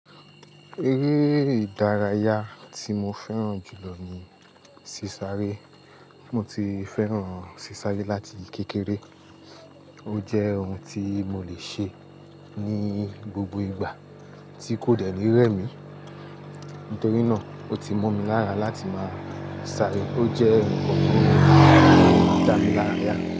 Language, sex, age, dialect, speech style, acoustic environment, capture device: Yoruba, male, 25-34, Nigeria - Lagos Yoruba, happy; anxious; tired; excited; focused; relaxed, people talking; music; nature; construction; appliances, mobile / Linux / Chrome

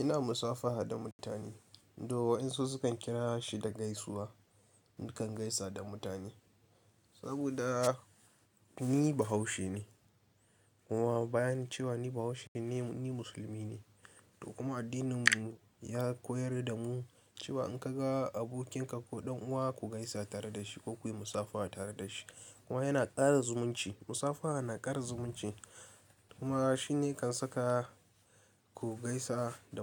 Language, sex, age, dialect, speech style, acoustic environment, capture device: Hausa, male, 18-24, Nigeria - Kano, focused, nature; appliances; people talking, desktop / Linux / Chrome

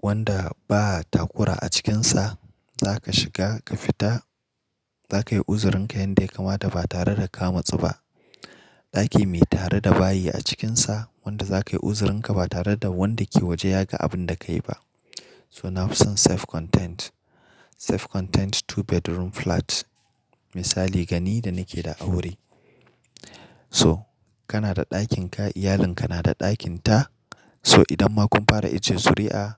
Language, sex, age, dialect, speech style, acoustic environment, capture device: Hausa, male, 25-34, Nigeria - Nigerian Standard, happy; excited; focused, nature; silence, mobile / Linux / Chrome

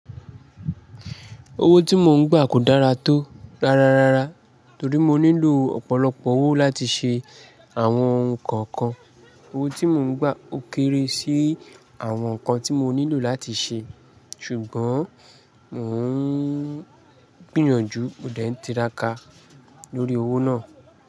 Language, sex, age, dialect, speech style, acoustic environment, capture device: Yoruba, male, 25-34, Nigeria - Lagos Yoruba, happy, people talking, mobile / Linux / Chrome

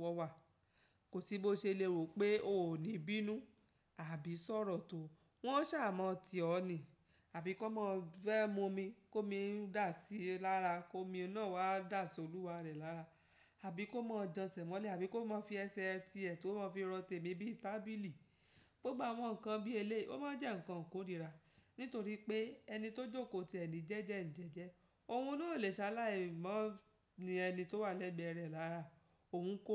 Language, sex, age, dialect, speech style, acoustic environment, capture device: Yoruba, female, 35-44, Nigeria - Lagos Yoruba, happy; focused; excited, nature; silence, mobile / Linux / Chrome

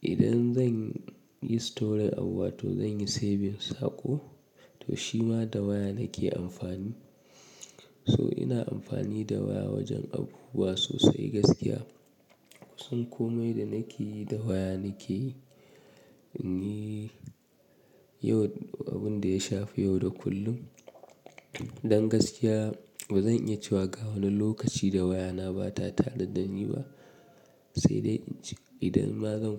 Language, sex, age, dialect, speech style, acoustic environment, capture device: Hausa, male, 25-34, Nigeria - Kano, relaxed; nervous, nature; appliances, mobile / Linux / Chrome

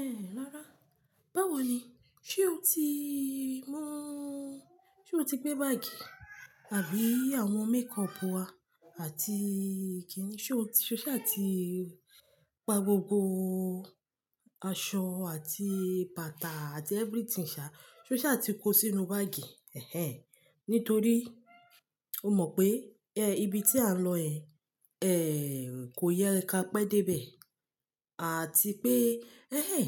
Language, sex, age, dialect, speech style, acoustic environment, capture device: Yoruba, female, 25-34, Nigeria - Lagos Yoruba, relaxed, silence, mobile / Linux / Chrome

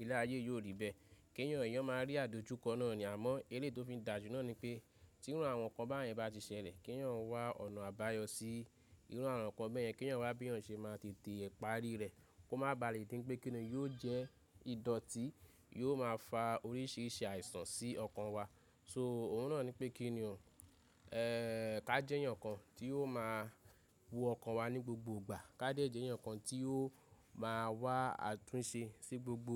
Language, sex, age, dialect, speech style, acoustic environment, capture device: Yoruba, male, 25-34, Nigeria - Ibadan, nervous, nature, mobile / Linux / Chrome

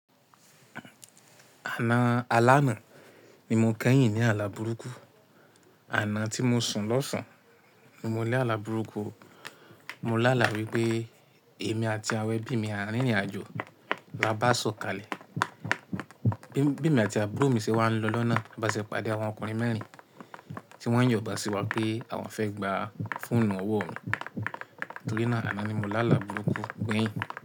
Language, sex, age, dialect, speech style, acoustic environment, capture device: Yoruba, male, 18-24, Nigeria - Ibadan, focused, silence, mobile / Linux / Chrome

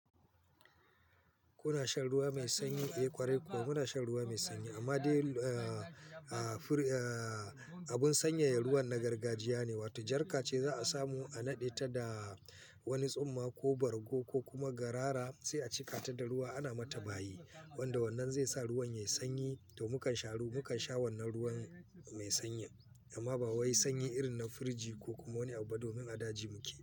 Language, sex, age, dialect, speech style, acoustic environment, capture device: Hausa, male, 45-54, Nigeria - Kano, focused, people talking; nature, mobile / Linux / Chrome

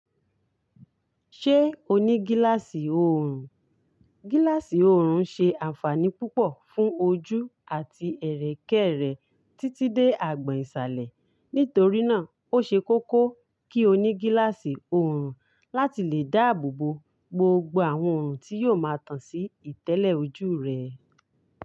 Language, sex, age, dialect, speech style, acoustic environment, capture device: Yoruba, female, 35-44, Nigeria - Ibadan, happy, silence, mobile / Linux / Chrome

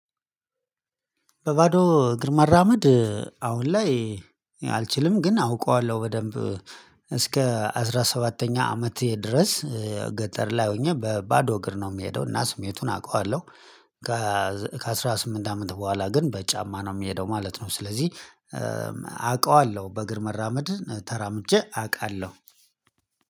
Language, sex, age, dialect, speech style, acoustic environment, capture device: Amharic, male, 35-44, Ethiopia - Addis Ababa, excited, silence, mobile / Linux / Chrome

